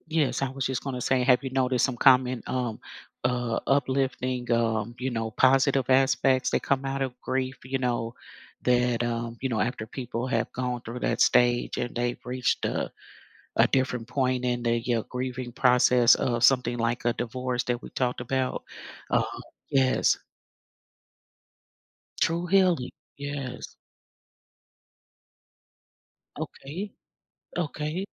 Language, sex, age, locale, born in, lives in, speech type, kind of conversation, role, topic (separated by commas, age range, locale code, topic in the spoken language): English, female, 55-59, en-US, United States, United States, conversation, podcast, host, How do cultural traditions shape the way people experience and express grief?